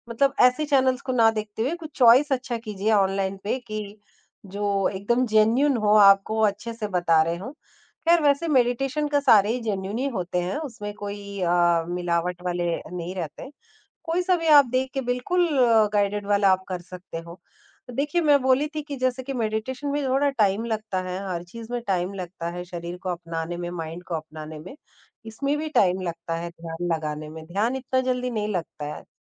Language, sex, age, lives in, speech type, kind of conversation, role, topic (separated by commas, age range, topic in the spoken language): Hindi, male, 50-54, India, conversation, podcast, guest, ध्यान करने से नींद की गुणवत्ता में क्या सुधार हो सकता है?